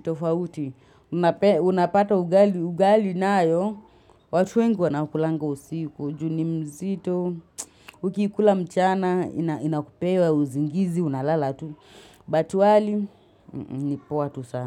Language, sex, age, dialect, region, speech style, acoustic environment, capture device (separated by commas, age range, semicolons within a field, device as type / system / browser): Swahili, female, 25-34, Kenya - Nairobi Sheng-influenced, Kenya, happy, people talking, mobile / Linux / Chrome